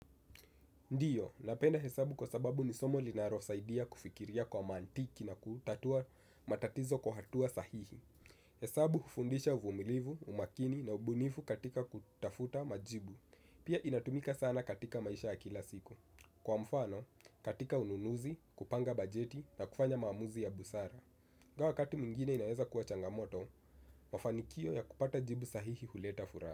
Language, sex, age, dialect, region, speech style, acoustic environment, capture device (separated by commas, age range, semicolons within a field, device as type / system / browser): Swahili, male, 18-24, Kenya - Nairobi Sheng-influenced, Kenya, relaxed, nature, desktop / Windows / Chrome